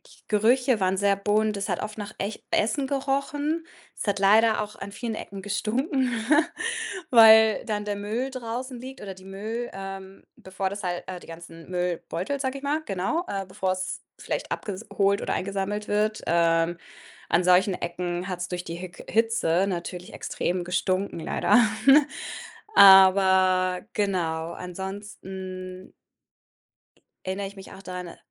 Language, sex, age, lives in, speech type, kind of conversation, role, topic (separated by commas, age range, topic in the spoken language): German, female, 30-34, Germany, conversation, podcast, guest, Welchen unvergesslichen lokalen Markt hast du besucht?